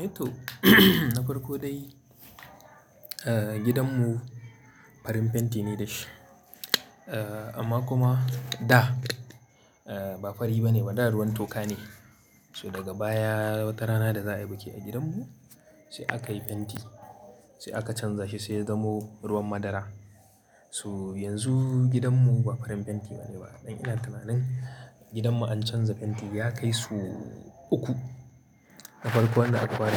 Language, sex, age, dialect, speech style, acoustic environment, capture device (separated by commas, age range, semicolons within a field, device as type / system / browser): Hausa, male, 25-34, Nigeria - Kano, happy; anxious, people talking; nature, mobile / Linux / Chrome